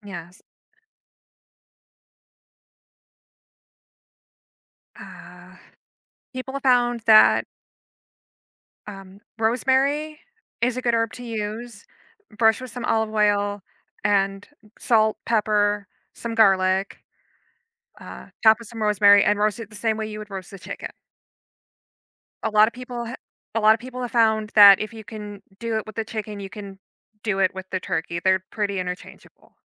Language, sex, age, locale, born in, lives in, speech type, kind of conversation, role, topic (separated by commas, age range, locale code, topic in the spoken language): English, female, 30-34, en-US, United States, United States, conversation, advice, advisor, How can I improve my cooking skills using affordable ingredients and basic techniques?